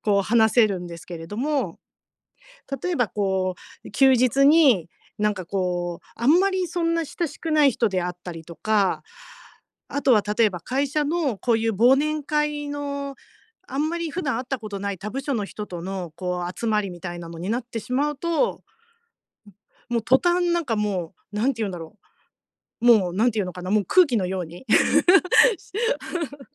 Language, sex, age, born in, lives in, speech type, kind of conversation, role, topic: Japanese, female, 50-54, Japan, Japan, conversation, advice, user, 大人数の集まりで楽に過ごすにはどうすればいいですか？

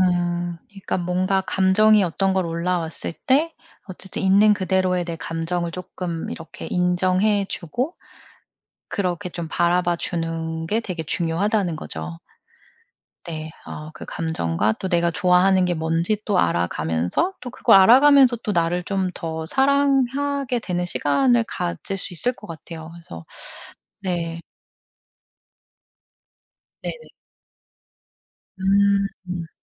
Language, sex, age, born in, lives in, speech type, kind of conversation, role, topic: Korean, female, 40-44, South Korea, United States, conversation, podcast, host, 관계 속에서도 나를 잃지 않으려면 어떻게 해야 하나요?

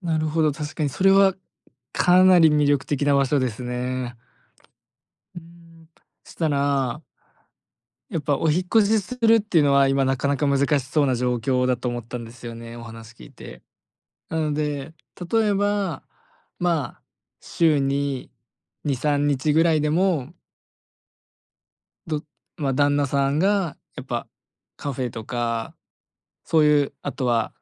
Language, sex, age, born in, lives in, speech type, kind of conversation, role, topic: Japanese, male, 20-24, Japan, Japan, conversation, advice, advisor, 住まいや生活環境を変えるべきか迷っている理由は何ですか？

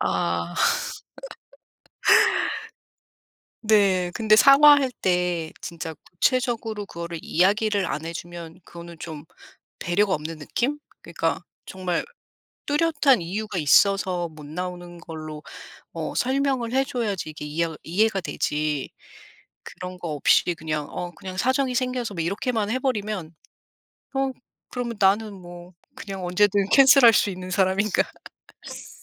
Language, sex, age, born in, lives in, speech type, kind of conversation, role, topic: Korean, female, 40-44, South Korea, United States, conversation, unstructured, none, 약속을 지키지 못했을 때 어떻게 진정성 있게 사과하면 좋을까요?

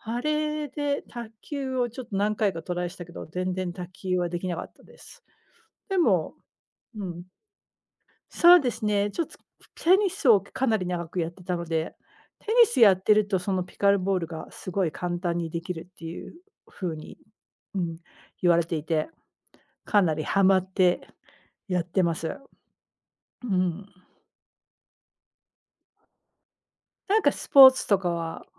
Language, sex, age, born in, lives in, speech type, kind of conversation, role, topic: Japanese, female, 40-44, United States, United States, conversation, unstructured, none, 趣味をきっかけに友達ができたことはありますか？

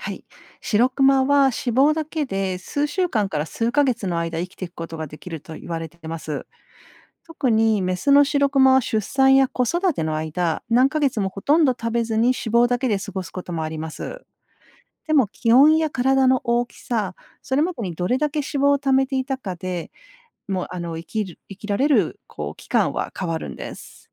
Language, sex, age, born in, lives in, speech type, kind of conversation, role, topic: Japanese, female, 50-54, Japan, Japan, read, expert-assistant, expert, なぜシロクマの毛は白く見えるのでしょうか？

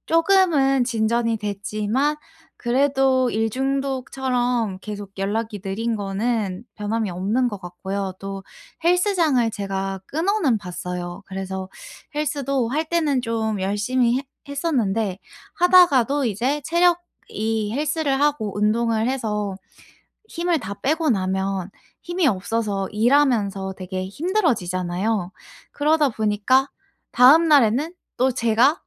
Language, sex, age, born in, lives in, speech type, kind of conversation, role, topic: Korean, female, 25-29, South Korea, United States, conversation, advice, user, 일과 개인 생활의 균형을 어떻게 잘 맞출 수 있을까요?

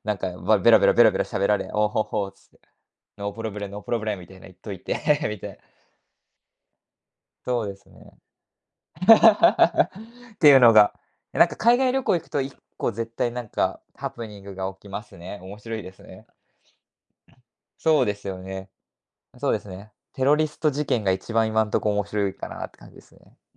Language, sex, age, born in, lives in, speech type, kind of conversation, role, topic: Japanese, male, 20-24, Japan, Japan, conversation, podcast, guest, 旅行中に起きた面白いハプニングを教えてくれますか？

